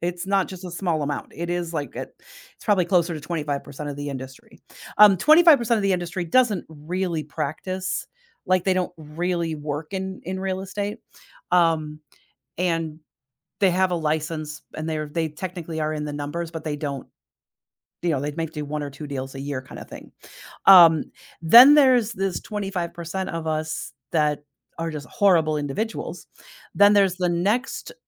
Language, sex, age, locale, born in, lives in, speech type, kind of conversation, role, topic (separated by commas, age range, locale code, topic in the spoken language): English, female, 55-59, en-US, United States, United States, conversation, advice, user, How can I choose an industry and create a first step plan?